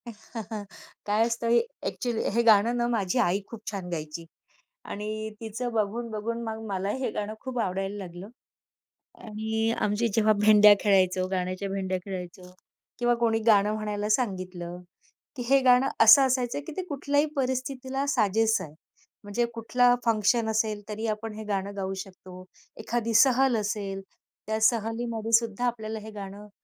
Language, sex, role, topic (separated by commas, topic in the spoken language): Marathi, female, guest, एखादं जुनं गाणं ऐकलं की तुमच्या मनात कोणती आठवण जागी होते?